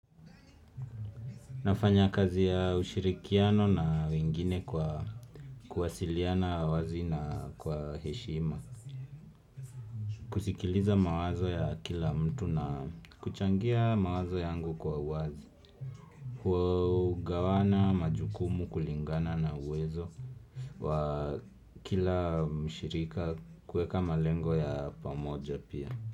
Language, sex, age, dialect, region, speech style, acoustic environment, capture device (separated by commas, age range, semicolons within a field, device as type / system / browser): Swahili, male, 25-34, Kenya - Nairobi Sheng-influenced, Kenya, happy, silence, desktop / Windows / Chrome